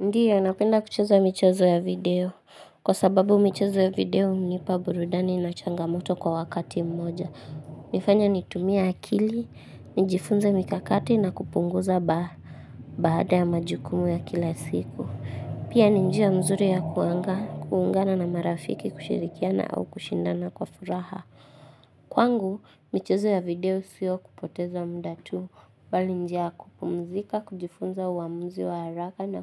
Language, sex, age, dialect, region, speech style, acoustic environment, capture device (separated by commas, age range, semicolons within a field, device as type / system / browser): Swahili, female, 18-24, Kenya - Nairobi Sheng-influenced, Kenya, relaxed, silence, mobile / Linux / Chrome